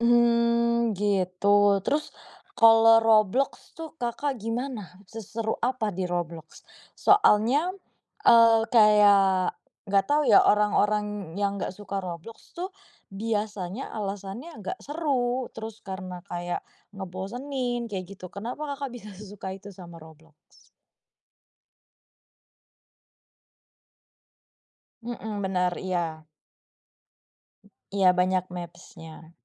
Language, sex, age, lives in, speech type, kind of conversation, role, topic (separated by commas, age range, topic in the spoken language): Indonesian, female, 25-29, Indonesia, conversation, podcast, host, Apa hobi favoritmu, dan kenapa kamu menyukainya?